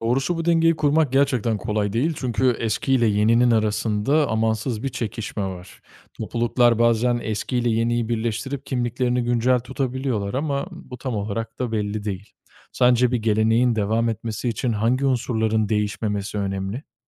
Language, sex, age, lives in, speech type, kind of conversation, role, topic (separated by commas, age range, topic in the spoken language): Turkish, male, 25-29, Italy, read, expert-assistant, expert, Bir topluluğun gelenekleriyle ilgili sosyal medyada karşılaştığımız bilgilerin doğruluğundan nasıl emin olabiliriz?